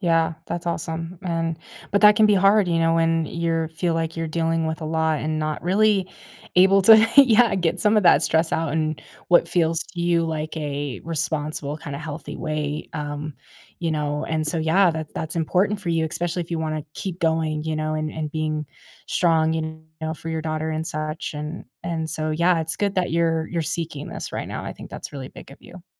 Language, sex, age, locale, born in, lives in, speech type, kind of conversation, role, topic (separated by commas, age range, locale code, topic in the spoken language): English, female, 25-29, en-US, United States, United States, conversation, advice, advisor, How can I manage my daily stress?